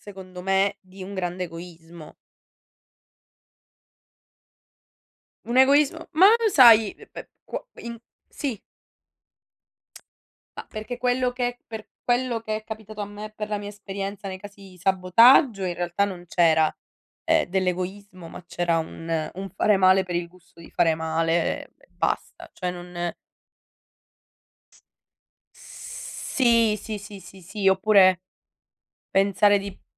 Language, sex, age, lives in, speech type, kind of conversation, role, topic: Italian, female, 60-64, Italy, conversation, unstructured, none, Che cosa faresti se qualcuno cercasse di sabotare i tuoi progetti?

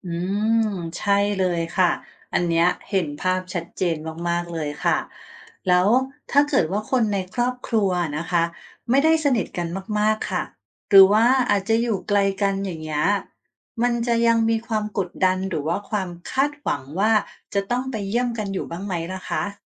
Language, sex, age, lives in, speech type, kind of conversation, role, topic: Thai, female, 45-49, Thailand, read, expert-assistant, asker, ทำไมคนไทยนิยมไปเยี่ยมไข้ที่โรงพยาบาลกันมาก?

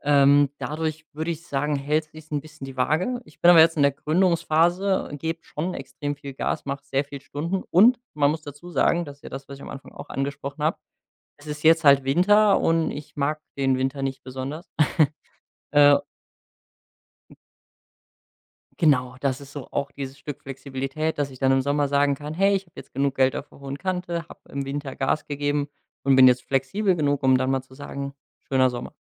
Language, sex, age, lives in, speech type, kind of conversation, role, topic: German, male, 25-29, Germany, conversation, podcast, guest, Wie findest du die Balance zwischen Disziplin und Flexibilität?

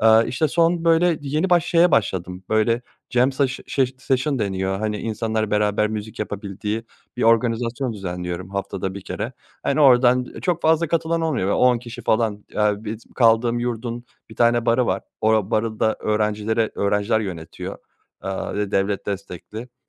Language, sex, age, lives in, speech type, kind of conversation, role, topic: Turkish, male, 30-34, Germany, conversation, advice, user, Mentorluğu nasıl bulup etkili bir mentorluk ilişkisi kurabilirim?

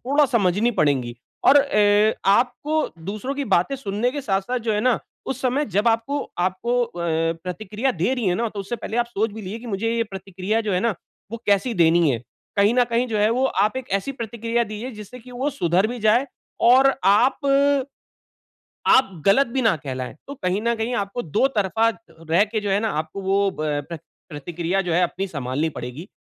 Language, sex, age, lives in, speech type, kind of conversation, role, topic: Hindi, male, 40-44, India, conversation, advice, advisor, मैं प्रतिक्रिया का उपयोग करके खुद को कैसे सुधार सकता/सकती हूँ?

